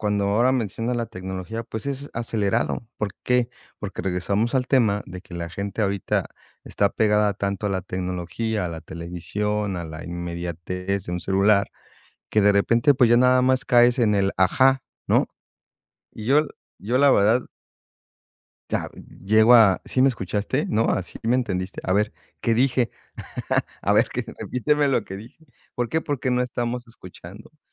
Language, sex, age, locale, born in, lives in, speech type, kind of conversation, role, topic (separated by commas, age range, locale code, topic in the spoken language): Spanish, male, 45-49, es-MX, Mexico, Mexico, conversation, unstructured, none, ¿Has sentido tristeza por no sentirte escuchado tal como eres?